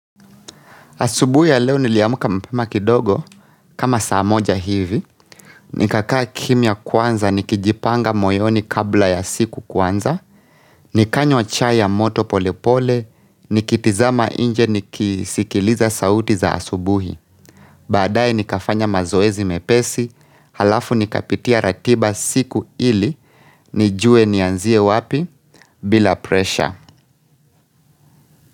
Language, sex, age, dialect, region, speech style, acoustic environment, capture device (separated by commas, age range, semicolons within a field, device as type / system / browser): Swahili, male, 25-34, Kenya - Nairobi Sheng-influenced, Kenya, focused, silence, mobile / Linux / Chrome